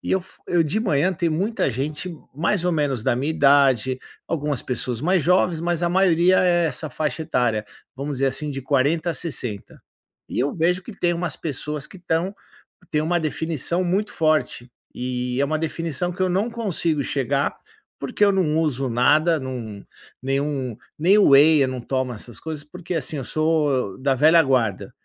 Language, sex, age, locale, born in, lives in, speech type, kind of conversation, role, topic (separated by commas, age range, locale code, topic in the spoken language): Portuguese, male, 50-54, pt-BR, Brazil, United States, conversation, advice, user, Como posso superar a ansiedade ao começar a fazer exercícios?